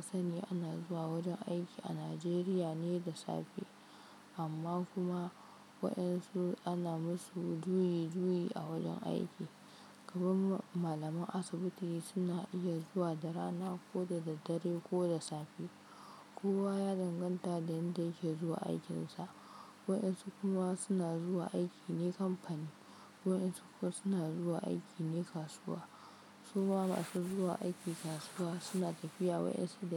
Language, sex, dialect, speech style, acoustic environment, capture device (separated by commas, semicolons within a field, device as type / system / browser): Hausa, female, Nigeria - Kano, focused, silence, mobile / Linux / Chrome